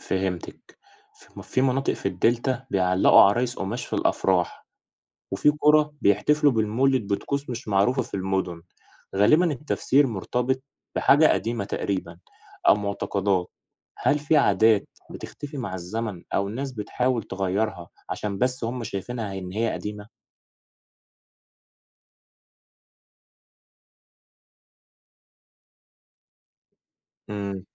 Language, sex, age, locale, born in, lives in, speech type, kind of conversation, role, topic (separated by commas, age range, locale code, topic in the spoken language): Arabic, male, 20-24, ar-EG, Egypt, Egypt, read, expert-assistant, asker, إزاي أعرف إن الكلام اللي بيتقال عن العادات والتقاليد في مصر جاي من تجربة حقيقية ولا مجرد حد بيحكي؟